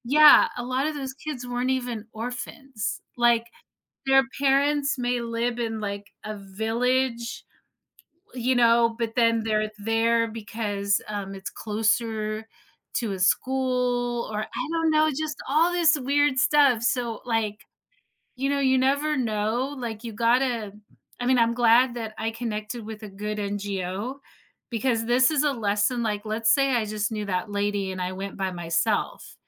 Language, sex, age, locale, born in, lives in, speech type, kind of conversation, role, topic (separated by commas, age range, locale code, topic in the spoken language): English, female, 45-49, en-US, United States, United States, conversation, podcast, guest, How does volunteering shape both individuals and the communities they serve?